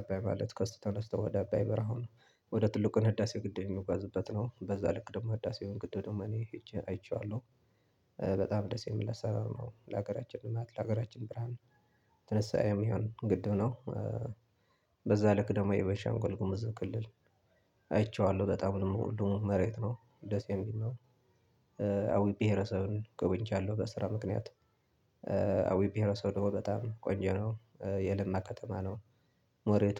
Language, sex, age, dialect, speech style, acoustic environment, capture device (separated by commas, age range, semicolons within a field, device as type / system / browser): Amharic, male, 25-34, Ethiopia - Tigray, focused; relaxed; anxious, nature, desktop / Linux / Chrome